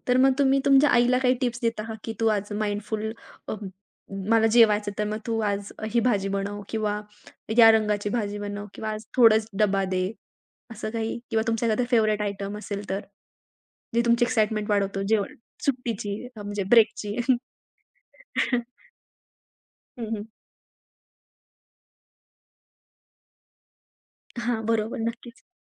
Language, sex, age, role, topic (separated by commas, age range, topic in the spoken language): Marathi, female, 20-24, host, खाण्यापिण्यात सजगता कशी आणावी?